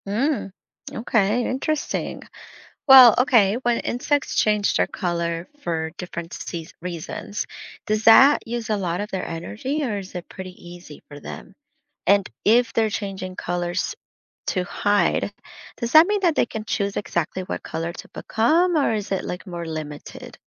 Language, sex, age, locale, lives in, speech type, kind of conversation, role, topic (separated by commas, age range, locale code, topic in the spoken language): English, female, 30-34, en-US, United States, read, expert-assistant, asker, Why do some insects have bright colors?